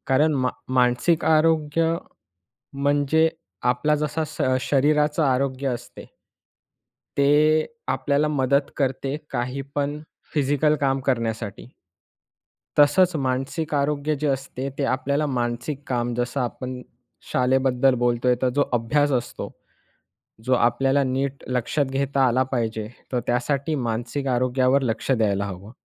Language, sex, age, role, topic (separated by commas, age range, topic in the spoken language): Marathi, male, 20-24, guest, शाळांमध्ये मानसिक आरोग्यावर लक्ष कसं द्यायला हवं?